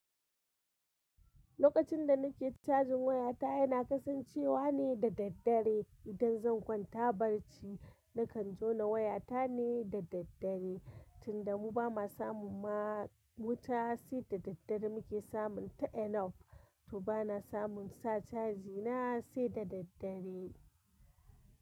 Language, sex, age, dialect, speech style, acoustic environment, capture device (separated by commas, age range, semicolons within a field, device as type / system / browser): Hausa, female, 25-34, Nigeria - Kano, happy, construction, mobile / Linux / Chrome